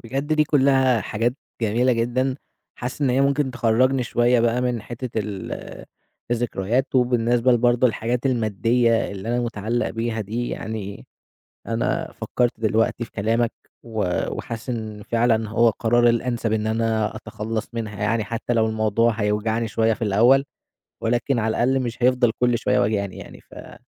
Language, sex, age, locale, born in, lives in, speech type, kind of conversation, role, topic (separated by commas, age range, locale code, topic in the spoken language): Arabic, male, 20-24, ar-EG, Egypt, Egypt, conversation, advice, user, ازاي بتتعامل مع الذكريات والمناسبات المهمة بعد الانفصال؟